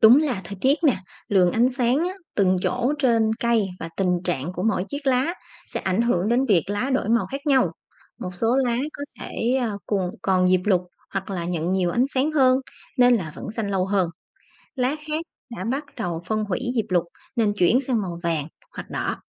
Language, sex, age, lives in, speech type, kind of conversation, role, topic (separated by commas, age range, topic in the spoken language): Vietnamese, female, 30-34, Vietnam, read, expert-assistant, expert, Tại sao lá cây lại có màu xanh?